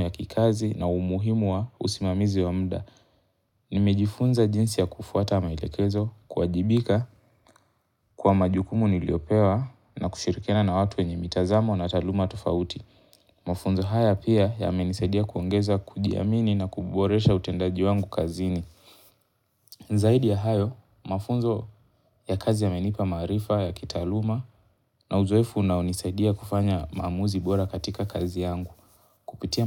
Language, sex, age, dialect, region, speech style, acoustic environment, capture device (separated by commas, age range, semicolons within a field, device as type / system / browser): Swahili, male, 18-24, Kenya - Mombasa, Kenya, focused, nature, mobile / Linux / Chrome